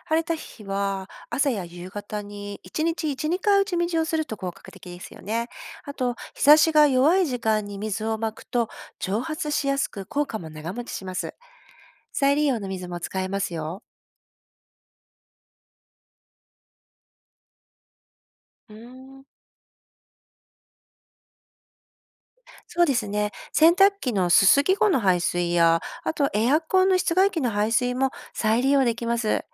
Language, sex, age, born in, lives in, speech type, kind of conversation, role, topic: Japanese, female, 50-54, Japan, Japan, read, expert-assistant, expert, ヒートアイランド現象をやわらげるために、私たちができる身近な対策はありますか？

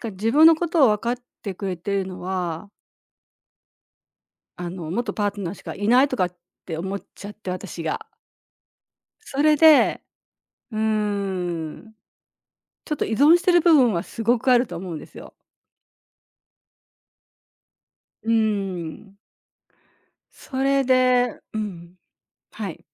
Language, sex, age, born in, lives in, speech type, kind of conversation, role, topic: Japanese, female, 60-64, Japan, Japan, conversation, advice, user, 元パートナーと友達として付き合い続けるべきでしょうか？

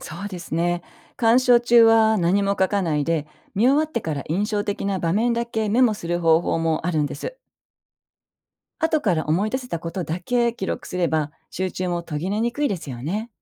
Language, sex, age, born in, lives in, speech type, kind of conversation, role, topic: Japanese, female, 55-59, Japan, Japan, read, expert-assistant, expert, エンターテインメントをただ消費するだけでなく、もっと豊かに楽しむ方法はありますか？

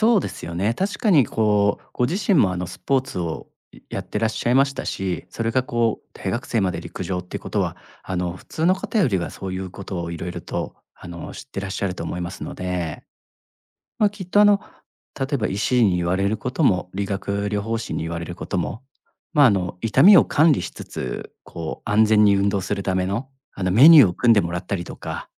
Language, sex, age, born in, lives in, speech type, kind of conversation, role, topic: Japanese, male, 40-44, Japan, Japan, conversation, advice, advisor, 怪我や慢性痛のせいで思うように運動できないのですが、どうすればいいですか？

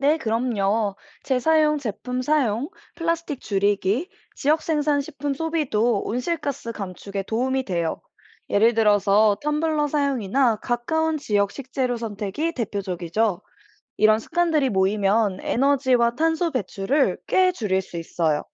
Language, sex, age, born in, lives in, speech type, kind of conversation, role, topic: Korean, female, 25-29, South Korea, United States, read, expert-assistant, expert, 지구 대기 중 온실가스의 역할을 일상적인 물건이나 상황에 비유해서 설명해 주실 수 있나요?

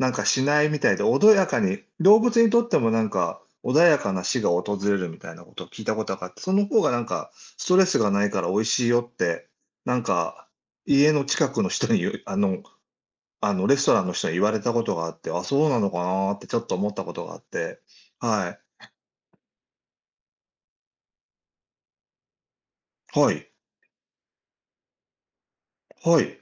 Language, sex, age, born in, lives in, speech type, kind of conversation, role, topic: Japanese, male, 60-64, Japan, Japan, conversation, unstructured, none, 好きな趣味は何ですか？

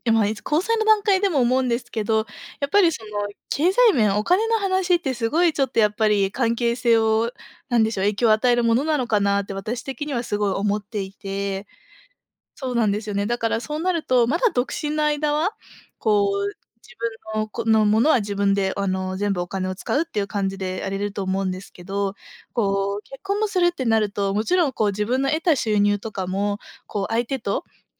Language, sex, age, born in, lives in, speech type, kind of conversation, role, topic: Japanese, female, 25-29, Japan, Japan, conversation, advice, user, 結婚するか独身でいるかで悩んでいるのですが、どう考えればよいですか?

